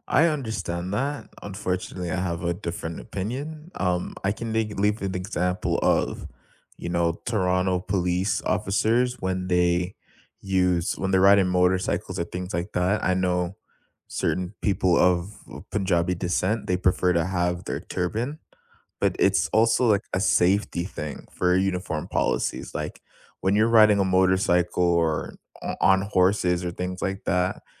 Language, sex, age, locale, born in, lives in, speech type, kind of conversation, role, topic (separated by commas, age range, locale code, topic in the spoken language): English, male, 20-24, en-CA, Canada, Canada, conversation, unstructured, none, Should workplaces be required to accommodate employees' religious dress and practices even when they conflict with uniform policies?